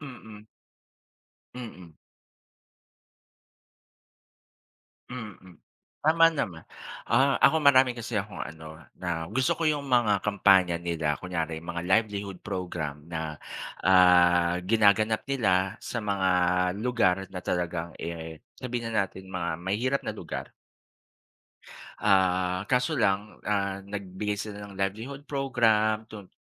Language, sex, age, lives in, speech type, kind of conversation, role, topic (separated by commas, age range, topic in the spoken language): Filipino, male, 40-44, Philippines, conversation, unstructured, none, Paano mo tinitingnan ang mga kampanyang tumutulong sa mga mahihirap?